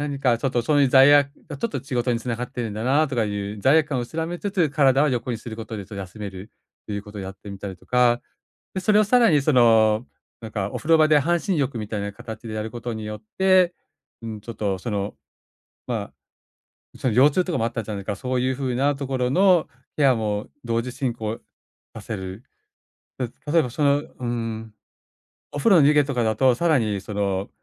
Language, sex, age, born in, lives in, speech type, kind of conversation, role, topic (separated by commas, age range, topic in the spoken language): Japanese, male, 45-49, Japan, Japan, conversation, advice, advisor, 週末や休みの日は休むべきか、それとも活動したほうがよいのでしょうか？